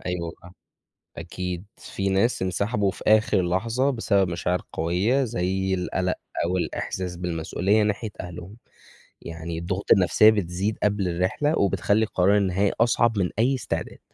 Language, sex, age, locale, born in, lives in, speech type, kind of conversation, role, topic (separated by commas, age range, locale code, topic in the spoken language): Arabic, male, 20-24, ar-EG, Egypt, Egypt, read, expert-assistant, expert, لو جالك فرصة تسافر في رحلة فضاء للمريخ ومش هترجع تاني للأرض، هتوافق؟ وإيه اللي ممكن يخليك تاخد القرار ده؟